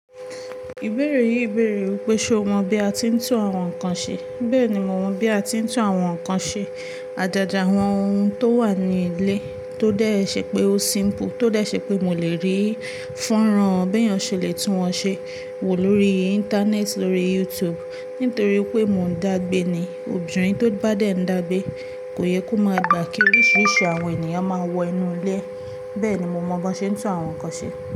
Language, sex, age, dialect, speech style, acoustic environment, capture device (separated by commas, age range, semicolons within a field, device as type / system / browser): Yoruba, female, 18-24, Nigeria - Ibadan, focused; relaxed, appliances, mobile / Linux / Chrome